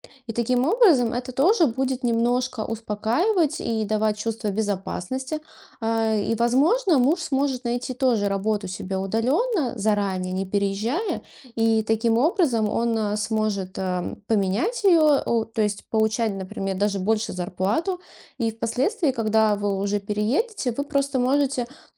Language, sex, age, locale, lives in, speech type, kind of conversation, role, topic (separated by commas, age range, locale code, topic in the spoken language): Russian, female, 35-39, ru-RU, Estonia, conversation, advice, advisor, Стоит ли вам переезжать в другой город ради работы или новых возможностей?